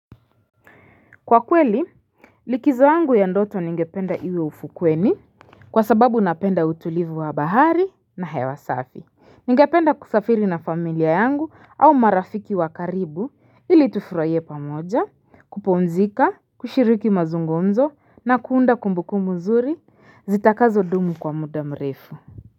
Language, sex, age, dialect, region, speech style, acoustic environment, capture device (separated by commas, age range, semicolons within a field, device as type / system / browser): Swahili, female, 25-34, Kenya - Nairobi Sheng-influenced, Kenya, focused, silence, mobile / Linux / Chrome